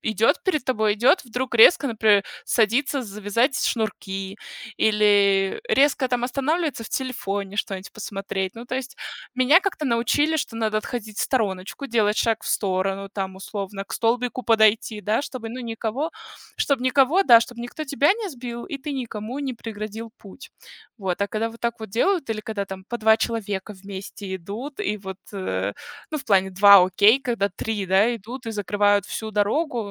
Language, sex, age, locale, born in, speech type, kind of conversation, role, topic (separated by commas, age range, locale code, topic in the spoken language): Russian, female, 30-34, ru-RU, Russia, conversation, unstructured, none, Что вас больше всего бесит в чужом поведении?